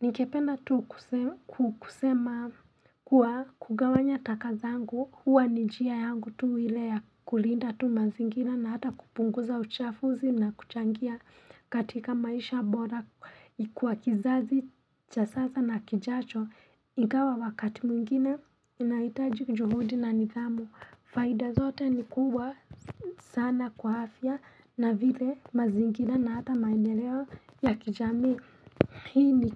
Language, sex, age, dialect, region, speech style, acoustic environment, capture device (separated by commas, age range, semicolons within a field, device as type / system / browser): Swahili, female, 25-34, Kenya - Nairobi Sheng-influenced, Kenya, happy; relaxed; focused; excited, nature; silence, mobile / Linux / Chrome